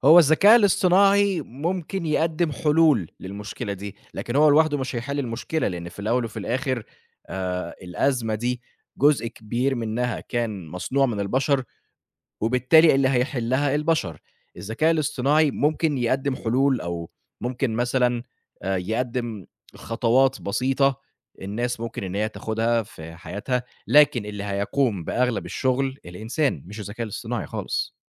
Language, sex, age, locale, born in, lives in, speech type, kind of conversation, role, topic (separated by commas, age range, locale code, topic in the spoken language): Arabic, male, 25-29, ar-EG, Egypt, Egypt, conversation, podcast, guest, إزاي بتحسّ إن تغيّر المناخ بيأثر علينا كل يوم؟